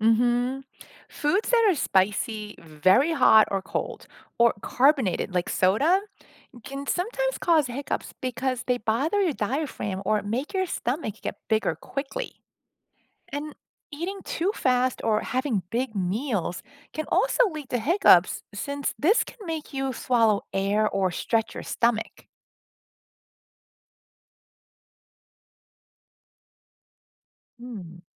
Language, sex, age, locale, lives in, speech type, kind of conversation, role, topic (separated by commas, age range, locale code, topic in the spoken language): English, female, 50-54, en-US, United States, read, expert-assistant, expert, What causes hiccups and how can they be managed?